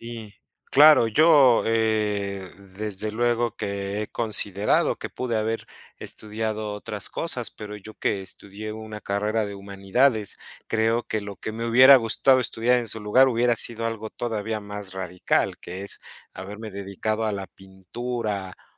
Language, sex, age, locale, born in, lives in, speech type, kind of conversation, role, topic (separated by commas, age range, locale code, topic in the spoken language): Spanish, male, 35-39, es-MX, Mexico, Mexico, conversation, unstructured, none, ¿Cómo eliges tu carrera profesional?